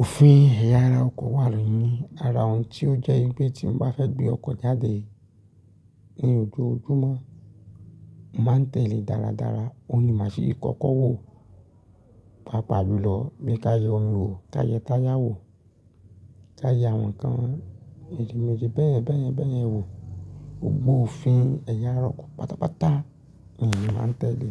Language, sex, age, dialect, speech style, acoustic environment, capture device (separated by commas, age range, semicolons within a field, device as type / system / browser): Yoruba, male, 25-34, Nigeria - Ibadan, relaxed, traffic, mobile / Linux / Chrome